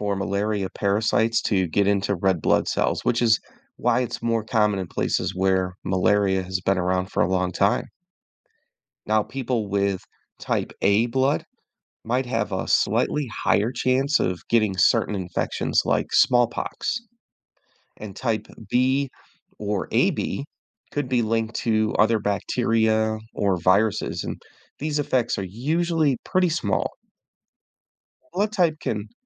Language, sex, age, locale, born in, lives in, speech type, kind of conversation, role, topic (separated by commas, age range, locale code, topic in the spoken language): English, male, 50-54, en-US, United States, United States, read, expert-assistant, expert, Why do we have different blood types?